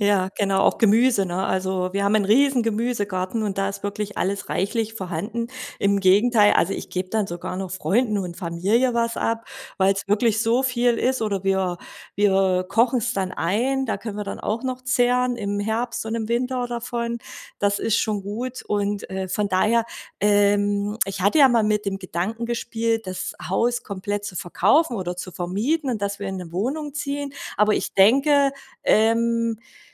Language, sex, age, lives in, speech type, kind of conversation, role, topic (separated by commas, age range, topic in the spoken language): German, female, 40-44, Germany, conversation, advice, user, Wie schaffe ich es, meine monatlichen Ausgaben und Rückzahlungen besser zu kontrollieren?